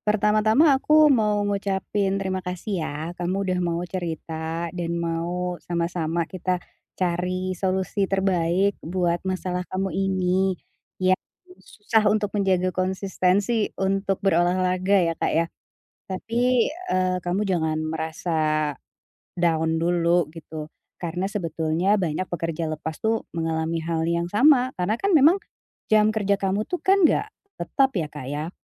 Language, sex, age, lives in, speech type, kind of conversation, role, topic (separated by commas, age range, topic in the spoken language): Indonesian, female, 40-44, Indonesia, conversation, advice, advisor, Bagaimana cara menjaga konsistensi dengan menetapkan tujuan sederhana saat saya mudah kehilangan motivasi?